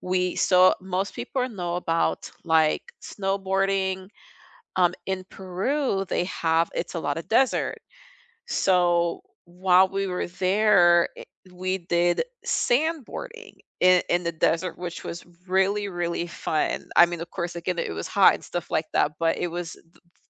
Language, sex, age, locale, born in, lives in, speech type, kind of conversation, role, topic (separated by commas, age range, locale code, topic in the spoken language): English, female, 30-34, en-US, United States, United States, conversation, unstructured, none, What is a travel memory you often revisit in your mind?